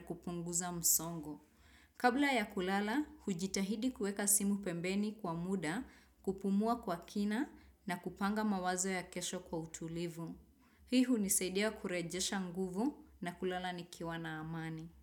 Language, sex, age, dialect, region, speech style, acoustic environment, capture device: Swahili, female, 25-34, Kenya - Nairobi Sheng-influenced, Kenya, happy; excited; focused; relaxed, nature, desktop / Windows / Chrome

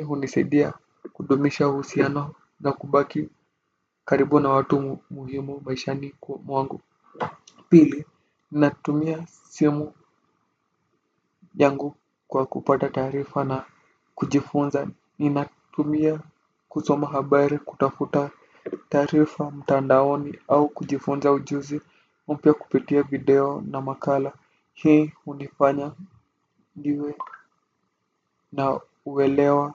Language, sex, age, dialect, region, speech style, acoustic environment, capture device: Swahili, male, 18-24, Kenya - Nairobi Sheng-influenced, Kenya, relaxed, silence; nature, desktop / Windows / Firefox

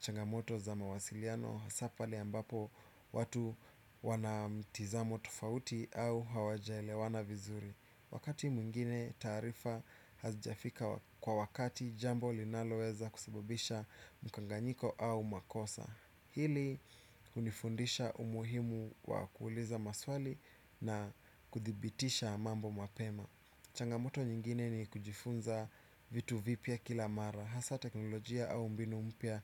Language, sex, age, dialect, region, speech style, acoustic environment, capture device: Swahili, male, 25-34, Kenya - Nairobi Sheng-influenced, Kenya, relaxed; anxious, nature, desktop / Windows / Chrome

